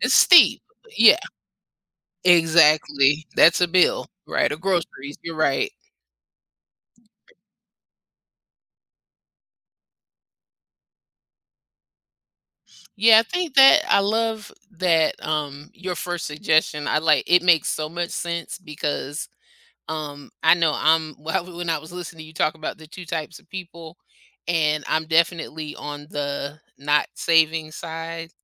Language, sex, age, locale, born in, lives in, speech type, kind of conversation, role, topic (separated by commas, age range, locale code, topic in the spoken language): English, female, 50-54, en-US, United States, United States, conversation, podcast, host, What are some practical steps to build a consistent saving habit?